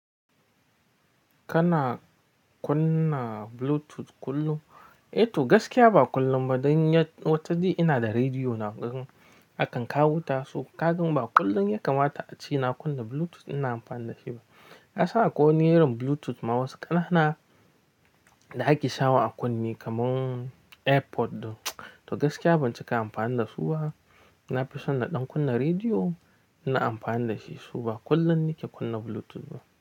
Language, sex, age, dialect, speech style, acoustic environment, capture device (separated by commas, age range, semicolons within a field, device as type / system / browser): Hausa, male, 25-34, Nigeria - Nigerian Standard, happy, people talking; music; silence; nature, mobile / Linux / Chrome